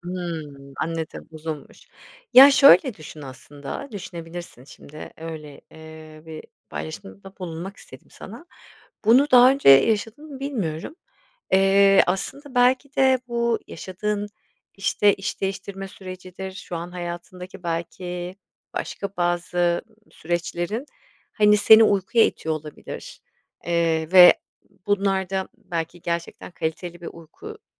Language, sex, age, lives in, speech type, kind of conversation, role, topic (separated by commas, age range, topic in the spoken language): Turkish, female, 40-44, Spain, conversation, advice, advisor, Sürekli yorgunluk ve motivasyon kaybı yaşıyorsanız bunun nedenleri neler olabilir ve nasıl başa çıkabilirsiniz?